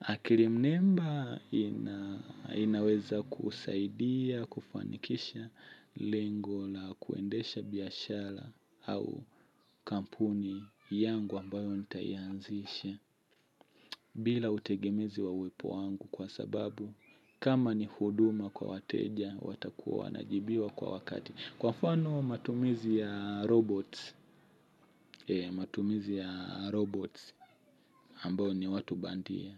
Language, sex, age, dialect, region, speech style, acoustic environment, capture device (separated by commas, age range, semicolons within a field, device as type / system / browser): Swahili, male, 25-34, Tanzania - Dar es Salaam, Tanzania, happy, people talking; music; nature, mobile / Linux / Chrome